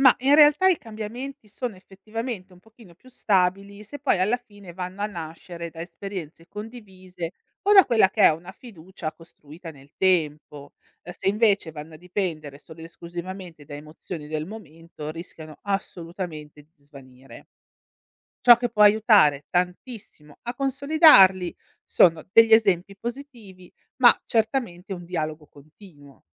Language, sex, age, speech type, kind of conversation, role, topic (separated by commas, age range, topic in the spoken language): Italian, female, 40-44, read, expert-assistant, expert, Perché ci sono ancora così tante opinioni diverse sui vaccini, anche tra persone informate?